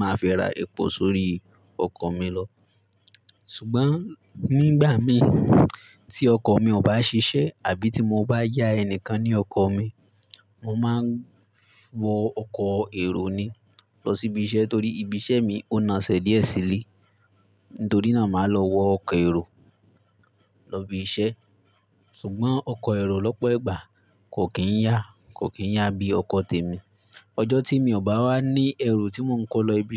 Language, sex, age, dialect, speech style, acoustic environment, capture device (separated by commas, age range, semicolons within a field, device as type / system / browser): Yoruba, male, 18-24, Nigeria - Ibadan, relaxed; excited, silence; nature, mobile / Linux / Chrome